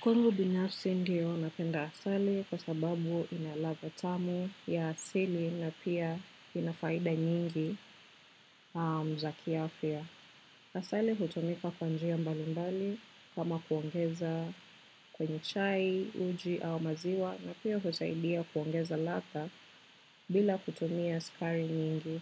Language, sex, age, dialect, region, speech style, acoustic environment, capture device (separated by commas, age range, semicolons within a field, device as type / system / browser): Swahili, female, 25-34, Kenya - Nairobi Sheng-influenced, Kenya, relaxed, nature, desktop / macOS / Chrome